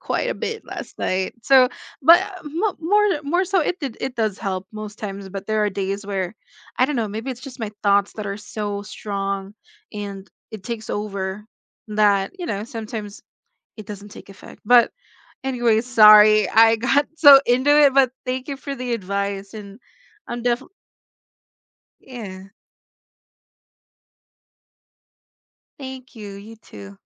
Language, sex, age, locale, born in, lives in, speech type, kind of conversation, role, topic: English, female, 20-24, en-US, Philippines, United States, conversation, unstructured, none, How do you decide which memories to keep and which to let fade?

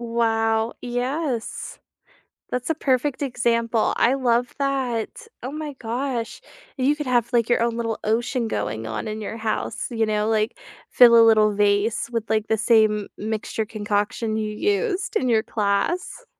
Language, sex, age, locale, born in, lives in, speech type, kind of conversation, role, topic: English, female, 25-29, en-US, United States, United States, conversation, unstructured, none, What paint color or finish has pleasantly surprised you?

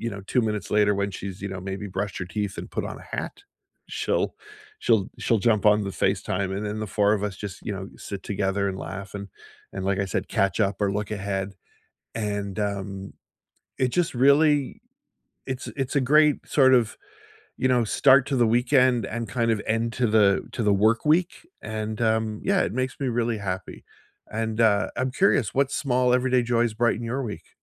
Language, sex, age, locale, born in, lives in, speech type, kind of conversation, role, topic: English, male, 55-59, en-CA, Canada, United States, conversation, unstructured, none, What small everyday joys brighten your week?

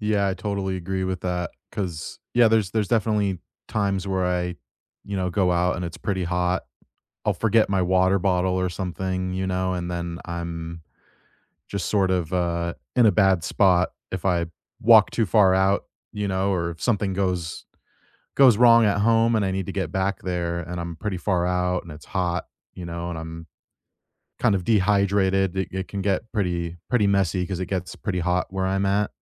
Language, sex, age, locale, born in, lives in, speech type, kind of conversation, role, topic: English, male, 30-34, en-US, United States, United States, conversation, unstructured, none, What is a comfort game or hobby you use to unwind after work?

